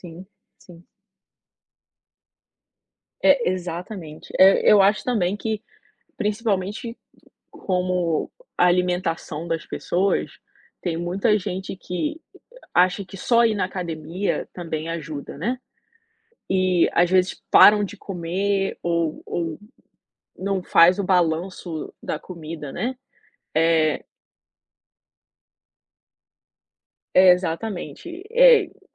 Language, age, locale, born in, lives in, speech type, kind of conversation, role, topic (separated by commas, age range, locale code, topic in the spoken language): Portuguese, 25-29, pt-BR, Brazil, United States, conversation, unstructured, none, Você sente que a sociedade valoriza mais a aparência do que a saúde?